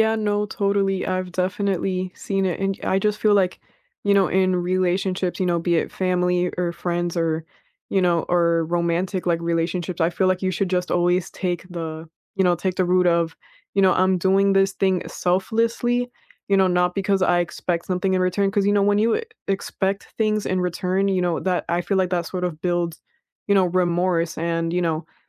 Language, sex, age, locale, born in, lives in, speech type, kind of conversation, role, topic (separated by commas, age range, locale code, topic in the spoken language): English, female, 20-24, en-US, United States, United States, conversation, unstructured, none, How can small, everyday gestures strengthen your relationships, and what are their limits?